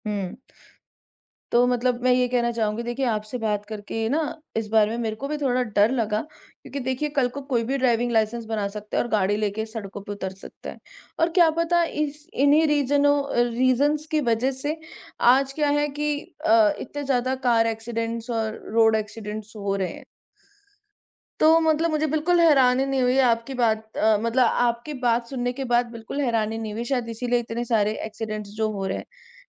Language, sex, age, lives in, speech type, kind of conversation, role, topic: Hindi, male, 30-34, India, conversation, unstructured, none, आपके हिसाब से भ्रष्टाचार क्यों बढ़ता है?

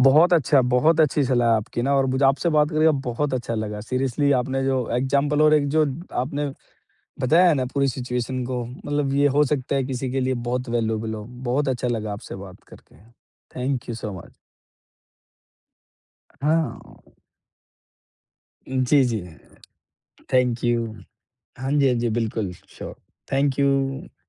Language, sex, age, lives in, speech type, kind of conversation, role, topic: Hindi, male, 35-39, India, conversation, podcast, host, Setbacks aane par tum motivate kaise rehte ho aur aage kaise badhte rehte ho?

